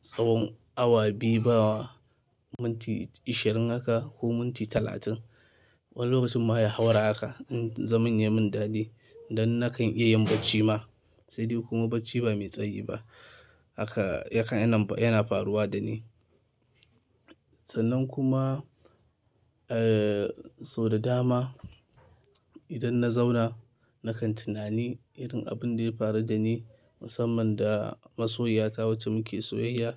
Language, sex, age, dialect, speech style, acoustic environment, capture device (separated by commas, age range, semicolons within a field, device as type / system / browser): Hausa, male, 25-34, Nigeria - Kano, happy; anxious; tired; relaxed; stressed; focused; excited; nervous, traffic; construction; appliances; music; people talking; nature; silence, mobile / Linux / Chrome